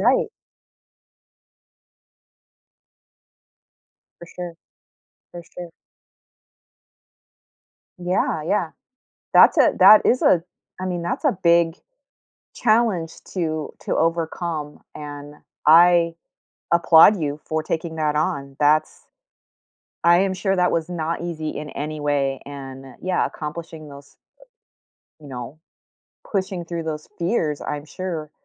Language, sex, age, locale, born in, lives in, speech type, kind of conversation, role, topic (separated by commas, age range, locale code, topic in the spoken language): English, female, 50-54, en-US, United States, United States, conversation, unstructured, none, How has overcoming a fear changed your perspective or confidence?